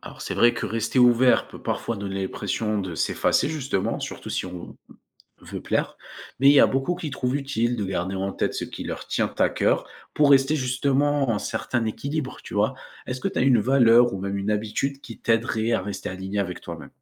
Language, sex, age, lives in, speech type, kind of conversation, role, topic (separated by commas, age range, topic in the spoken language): French, male, 20-24, France, read, expert-assistant, expert, Pourquoi cherche-t-on souvent à appartenir à un groupe ou une communauté ?